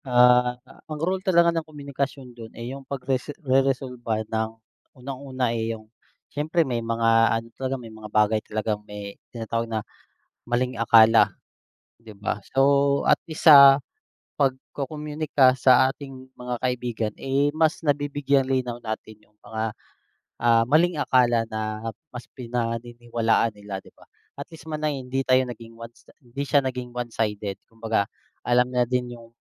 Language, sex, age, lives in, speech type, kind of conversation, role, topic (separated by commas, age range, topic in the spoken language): Filipino, male, 30-34, Philippines, conversation, unstructured, none, Ano ang papel ng komunikasyon sa pagresolba ng hindi pagkakaunawaan?